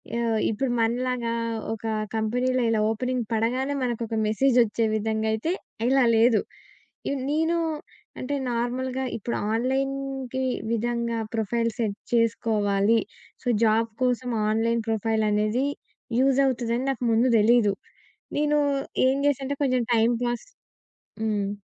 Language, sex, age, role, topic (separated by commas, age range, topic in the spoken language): Telugu, female, 20-24, guest, పని కోసం మీ ఆన్‌లైన్ ప్రొఫైల్‌ను మీరు ఎలా తీర్చిదిద్దుకుంటారు?